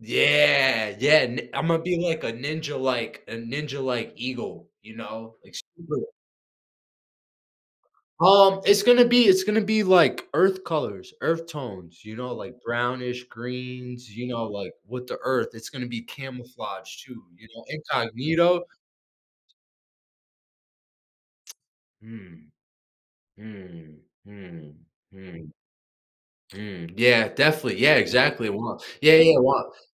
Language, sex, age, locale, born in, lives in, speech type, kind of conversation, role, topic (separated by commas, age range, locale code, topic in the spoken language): English, male, 25-29, en-US, United States, United States, conversation, unstructured, none, Would you rather be able to fly or become invisible whenever you want?